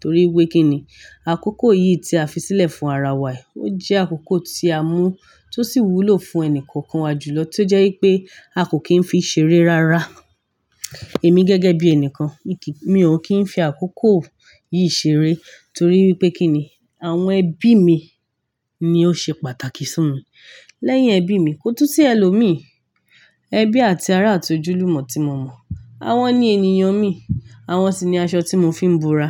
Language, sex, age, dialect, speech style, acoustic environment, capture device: Yoruba, female, 25-34, Nigeria - Ibadan, happy; excited; focused; relaxed, nature; silence; construction, mobile / Linux / Chrome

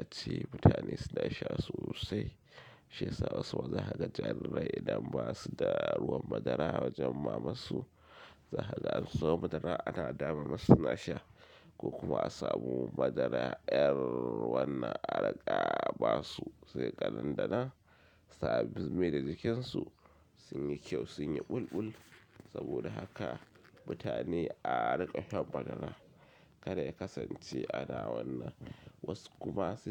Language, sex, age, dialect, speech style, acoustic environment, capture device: Hausa, male, 25-34, Nigeria - Kano, excited, silence, mobile / Linux / Chrome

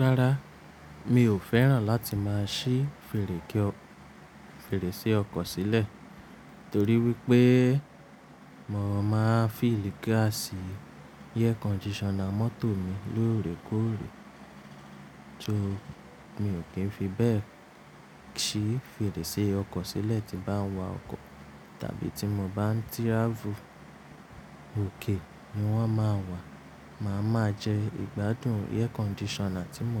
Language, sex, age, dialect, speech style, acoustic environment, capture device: Yoruba, male, 25-34, Nigeria - Nigerian Standard, relaxed, appliances, mobile / Linux / Chrome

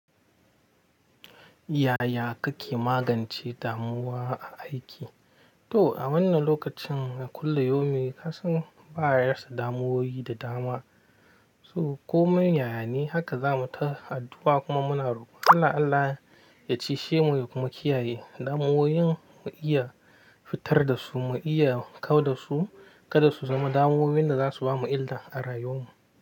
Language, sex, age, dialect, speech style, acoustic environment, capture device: Hausa, male, 25-34, Nigeria - Nigerian Standard, happy; tired; relaxed, people talking; nature; silence, mobile / Linux / Chrome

